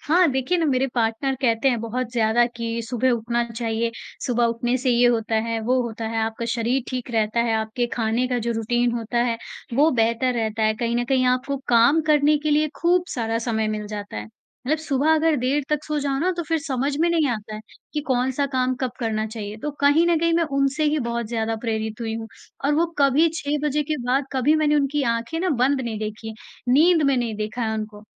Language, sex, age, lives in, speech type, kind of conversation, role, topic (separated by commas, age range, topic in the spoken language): Hindi, female, 40-44, India, conversation, podcast, guest, आप अपनी सुबह की रोज़मर्रा की आदतें कैसे बनाते हैं?